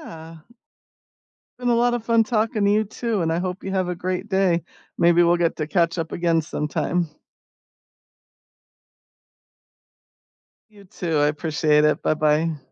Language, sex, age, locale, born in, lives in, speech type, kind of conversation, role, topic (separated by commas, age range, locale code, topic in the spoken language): English, female, 55-59, en-US, United States, United States, conversation, unstructured, none, Which songs instantly lift your mood, and why?